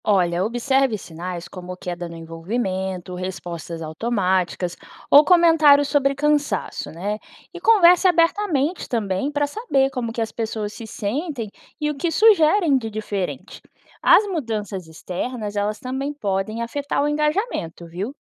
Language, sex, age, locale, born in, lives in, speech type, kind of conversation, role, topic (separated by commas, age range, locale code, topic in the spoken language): Portuguese, female, 25-29, pt-BR, Brazil, United States, read, expert-assistant, expert, Quais são maneiras criativas de mostrar liderança em situações comuns, como na família ou no trabalho?